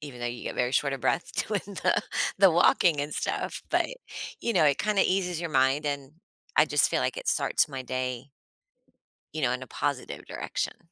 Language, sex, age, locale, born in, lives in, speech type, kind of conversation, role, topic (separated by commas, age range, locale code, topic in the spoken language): English, female, 45-49, en-US, United States, United States, conversation, podcast, guest, How do small daily habits impact your overall well-being?